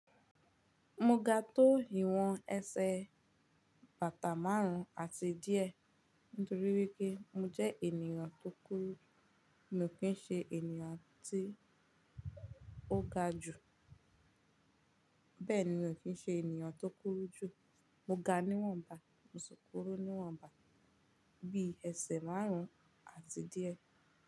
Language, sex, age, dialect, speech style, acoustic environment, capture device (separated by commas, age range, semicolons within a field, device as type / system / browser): Yoruba, female, 18-24, Nigeria - Ibadan, focused; relaxed, nature; silence, mobile / Linux / Chrome